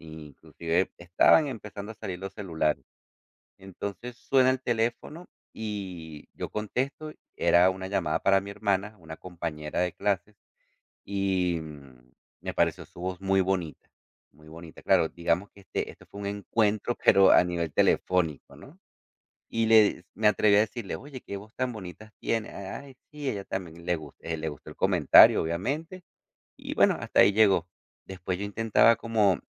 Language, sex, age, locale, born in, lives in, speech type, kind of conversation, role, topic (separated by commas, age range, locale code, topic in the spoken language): Spanish, male, 40-44, es-CO, Venezuela, United States, conversation, podcast, guest, ¿Puedes contarme un encuentro casual que cambió tu vida?